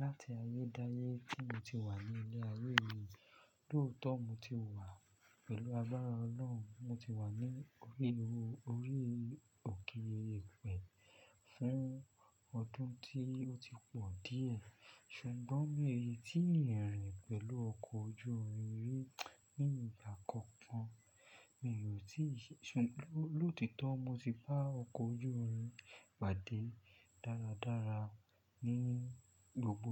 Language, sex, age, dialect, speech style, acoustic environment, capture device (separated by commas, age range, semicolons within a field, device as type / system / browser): Yoruba, male, 25-34, Nigeria - Lagos Yoruba, focused, silence; nature, desktop / Linux / Chrome